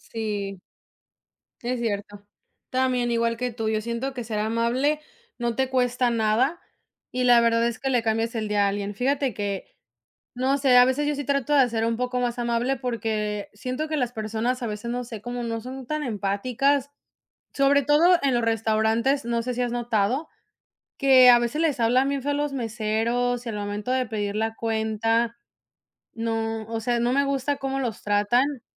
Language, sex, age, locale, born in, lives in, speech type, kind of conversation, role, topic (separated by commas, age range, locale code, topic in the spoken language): Spanish, female, 25-29, es-MX, Mexico, United States, conversation, unstructured, none, ¿Crees que ser amable puede cambiarle el día a alguien?